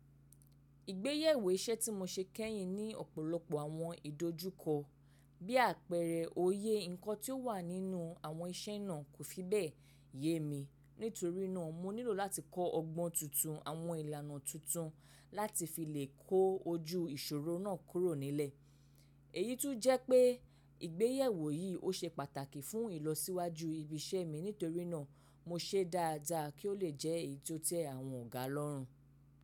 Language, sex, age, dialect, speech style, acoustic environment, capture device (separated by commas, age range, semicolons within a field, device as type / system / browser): Yoruba, female, 25-34, Nigeria - Lagos Yoruba, focused; relaxed, silence, mobile / macOS / Safari